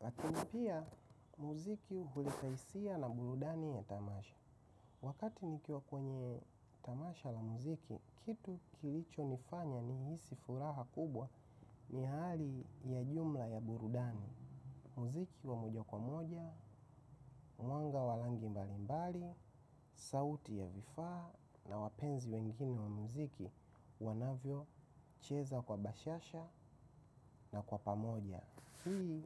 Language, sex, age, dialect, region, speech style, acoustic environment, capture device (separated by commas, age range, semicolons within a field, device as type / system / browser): Swahili, male, 25-34, Tanzania - Dar es Salaam, Tanzania, happy; relaxed, silence; nature; appliances, mobile / macOS / Safari